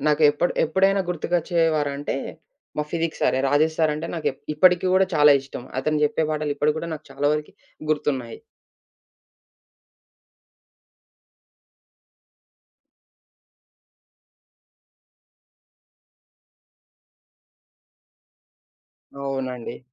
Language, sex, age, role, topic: Telugu, male, 20-24, guest, మీ గురువు చేసిన చిన్న సహాయం మీ జీవితాన్ని పెద్దగా మార్చిన ఉదాహరణ ఏమిటి?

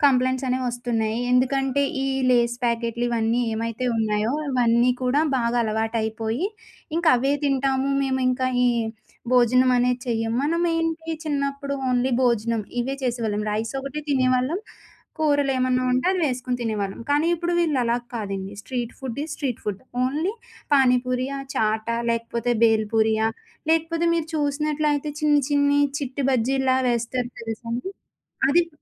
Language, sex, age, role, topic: Telugu, female, 25-29, guest, మీకు ప్రత్యేకంగా గుర్తుండిపోయిన వీధి ఆహారం గురించి చెప్పగలరా?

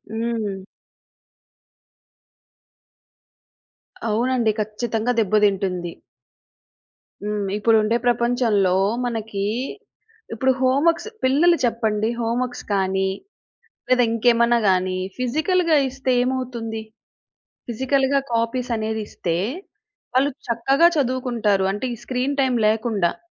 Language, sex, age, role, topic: Telugu, female, 30-34, guest, మీడియా మీ సంస్కృతిని సరిగా ప్రతిబింబిస్తుందా?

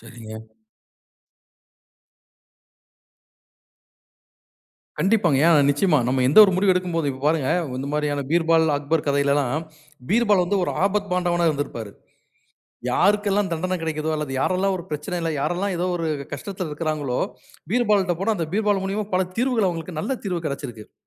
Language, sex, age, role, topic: Tamil, male, 40-44, host, உங்களுக்குப் பிடித்த ஒரு கதையைப் பற்றி சொல்ல முடியுமா?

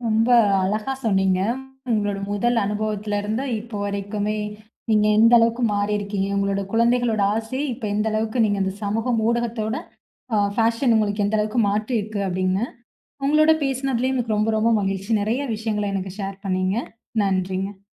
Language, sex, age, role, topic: Tamil, female, 20-24, host, சமூக ஊடகங்கள் உங்கள் உடைத் தேர்வை எந்த அளவுக்கு பாதிக்கின்றன?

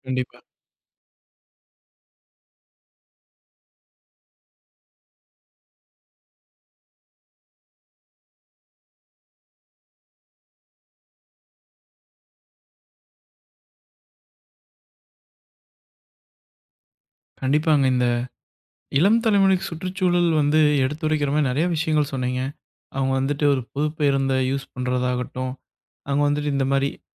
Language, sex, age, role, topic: Tamil, male, 25-29, host, இளம் தலைமுறையை சுற்றுச்சூழல் பணிகளில் ஈடுபடச் செய்ய வேடிக்கையாகவும் சுவாரசியமாகவும் என்ன வழிகள் இருக்கலாம்?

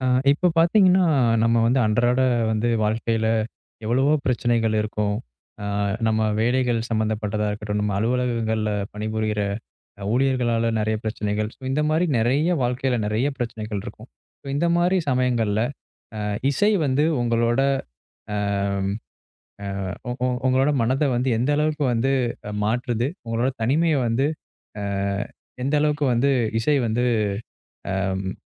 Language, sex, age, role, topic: Tamil, male, 25-29, host, இசை உங்கள் தனிமையை ஆறவைக்கும் விதமாக இருக்கிறதா?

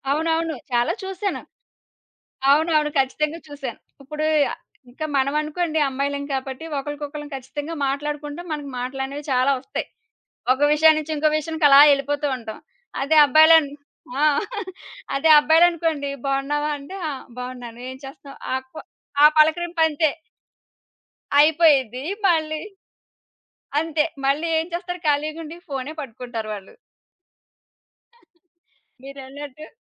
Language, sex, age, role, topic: Telugu, female, 30-34, guest, స్మార్ట్‌ఫోన్ మీ జీవనశైలిని ఎలా మార్చింది?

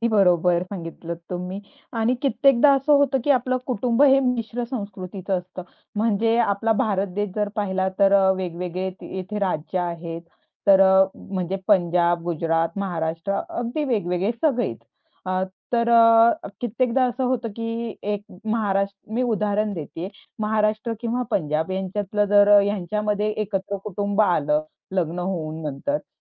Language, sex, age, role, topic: Marathi, female, 25-29, host, तुम्ही तुमच्या मुलांना त्यांच्या मूळ संस्कृतीशी जोडून ठेवण्यासाठी काय करता?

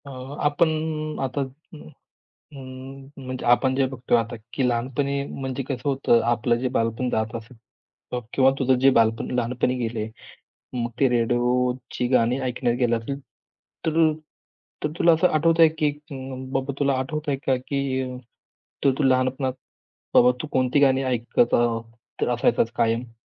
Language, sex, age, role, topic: Marathi, male, 25-29, host, लहानपणी तुम्ही कोणती गाणी नेहमी ऐकत असायचात?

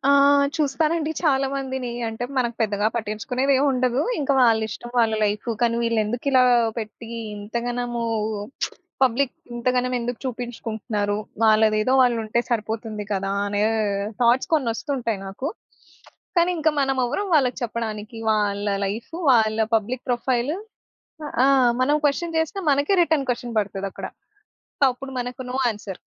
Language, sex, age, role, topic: Telugu, female, 25-29, guest, సామాజిక మాధ్యమాల్లో మీరు సాధారణంగా ఏమి పంచుకుంటారు?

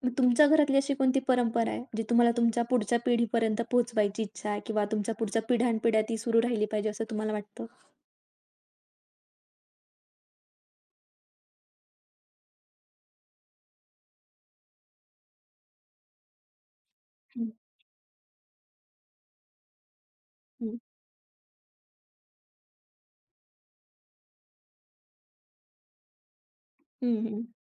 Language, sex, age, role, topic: Marathi, female, 20-24, host, शहरात राहूनही तुम्ही पारंपरिक रूढी-परंपरा कशा जपता?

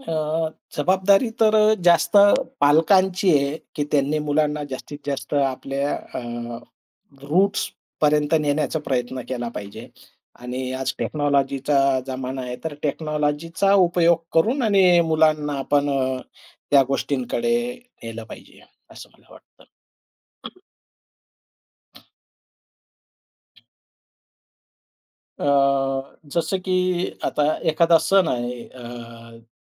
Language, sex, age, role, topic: Marathi, male, 45-49, guest, आठवणी आणि पारंपरिक सणांमुळे प्रेम अधिक मजबूत कसे होते आणि त्याचा अनुभव कसा वाटतो?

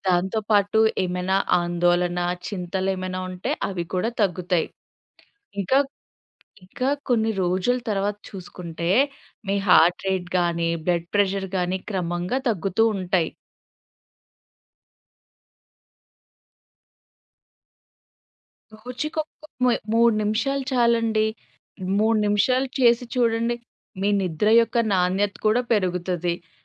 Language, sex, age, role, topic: Telugu, female, 25-29, guest, శ్వాస వ్యాయామాలు మీకు ఏ విధంగా సహాయపడతాయి?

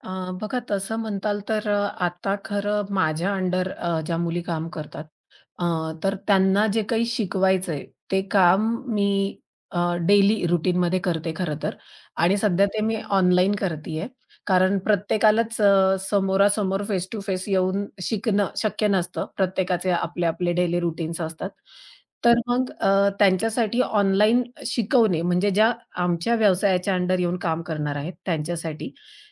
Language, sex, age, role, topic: Marathi, female, 30-34, guest, स्वतःहून नवीन कौशल्य कसे शिकलेस?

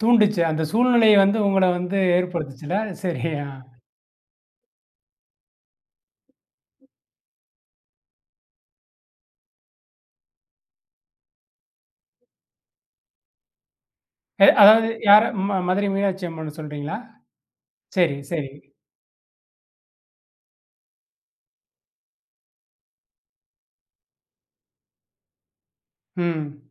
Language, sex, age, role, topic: Tamil, male, 35-39, host, எந்த இடம் உங்களுக்கு ஆன்மீக அமைதியை அளித்தது?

